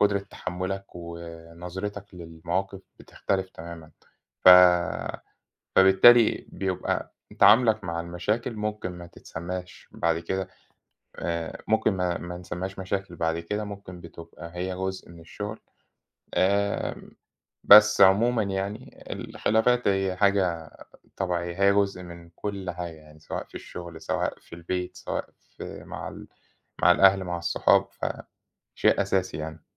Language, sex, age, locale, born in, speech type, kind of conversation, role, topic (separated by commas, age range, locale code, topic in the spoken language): Arabic, male, 30-34, ar-EG, Egypt, conversation, unstructured, none, إزاي تتعامل مع الخلافات في الشغل بشكل فعّال؟